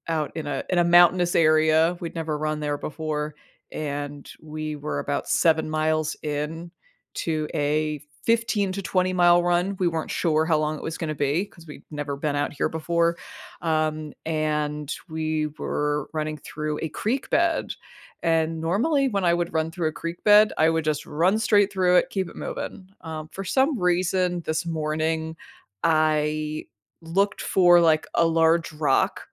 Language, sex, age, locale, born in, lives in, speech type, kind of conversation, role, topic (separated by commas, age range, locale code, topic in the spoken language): English, female, 40-44, en-US, United States, United States, conversation, podcast, guest, How has spending time in nature impacted your life or well-being?